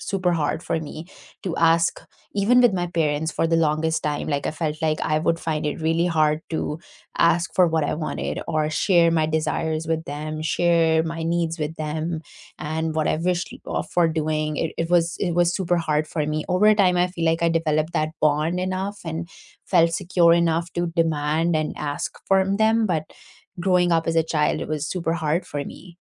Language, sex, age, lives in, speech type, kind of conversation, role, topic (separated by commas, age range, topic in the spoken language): English, female, 25-29, United States, conversation, unstructured, none, What is the hardest part about negotiating your needs with others?